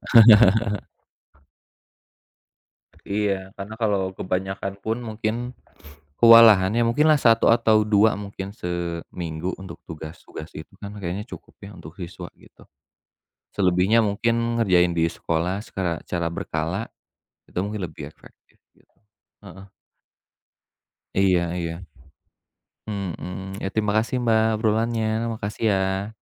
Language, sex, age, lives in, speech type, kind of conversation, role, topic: Indonesian, male, 35-39, Indonesia, conversation, unstructured, none, Mengapa penting bagi sekolah untuk memperhatikan kesehatan mental siswa?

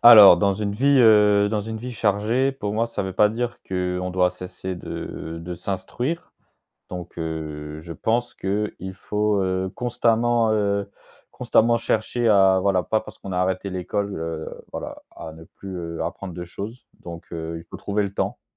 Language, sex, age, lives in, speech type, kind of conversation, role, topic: French, male, 25-29, France, conversation, podcast, guest, Comment intégrer l’apprentissage dans une vie chargée ?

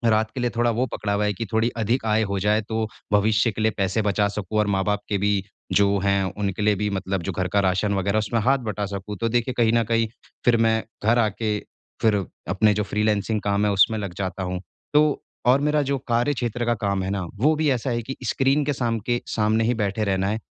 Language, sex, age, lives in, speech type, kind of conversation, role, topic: Hindi, male, 25-29, India, conversation, advice, user, मैं डिजिटल और ऑफलाइन समय के बीच संतुलन कैसे बना सकता/सकती हूँ?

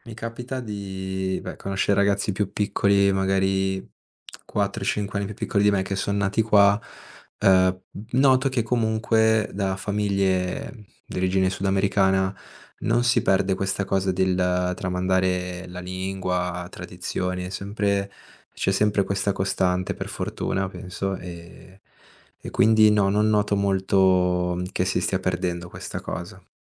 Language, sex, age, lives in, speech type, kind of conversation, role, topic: Italian, male, 25-29, Italy, conversation, podcast, guest, Come hai fatto a mantenere viva la lingua dei tuoi genitori?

